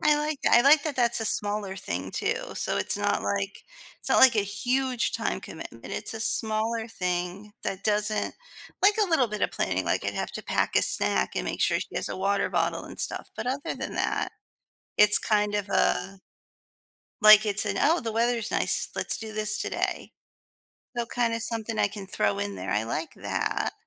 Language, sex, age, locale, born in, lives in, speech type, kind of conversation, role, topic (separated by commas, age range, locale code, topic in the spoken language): English, female, 40-44, en-US, United States, United States, conversation, advice, user, How can I make my days more spontaneous?